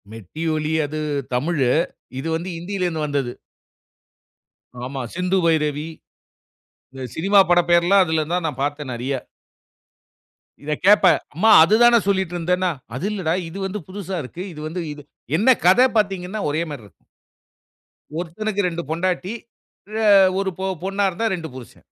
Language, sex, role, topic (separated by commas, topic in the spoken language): Tamil, male, host, தற்போதைய கவலைகளை யாரிடம் பகிர்வது நல்லது, உங்கள் அனுபவம் என்ன?